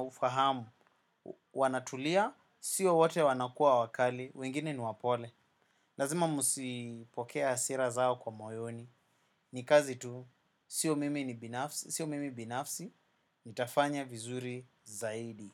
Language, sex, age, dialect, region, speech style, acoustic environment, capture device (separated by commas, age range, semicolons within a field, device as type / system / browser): Swahili, male, 25-34, Kenya - Nairobi Sheng-influenced, Kenya, happy, silence, desktop / macOS / Chrome